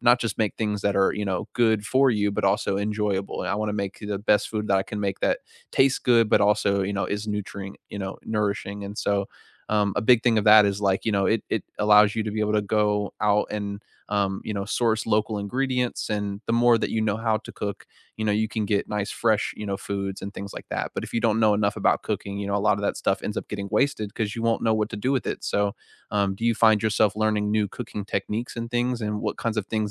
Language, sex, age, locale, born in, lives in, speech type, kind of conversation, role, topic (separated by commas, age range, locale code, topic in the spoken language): English, male, 55-59, en-US, United States, United States, conversation, unstructured, none, What new skill are you focusing on this year, and how is the journey going so far?